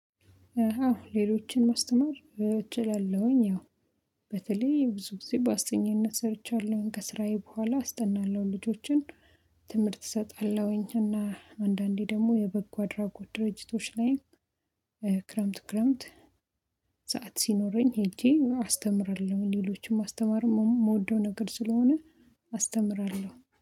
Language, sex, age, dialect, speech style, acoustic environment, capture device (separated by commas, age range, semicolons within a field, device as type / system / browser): Amharic, female, 25-34, Ethiopia - Addis Ababa, excited, silence, mobile / Linux / Chrome